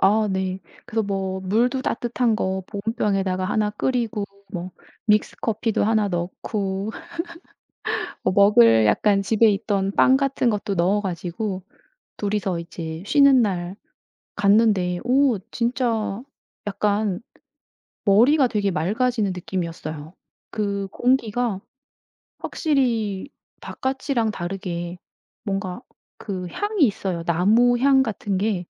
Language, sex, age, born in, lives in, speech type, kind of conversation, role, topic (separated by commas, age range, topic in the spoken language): Korean, female, 55-59, South Korea, South Korea, conversation, podcast, guest, 도시에서 자연의 아름다움을 발견한 적이 있나요?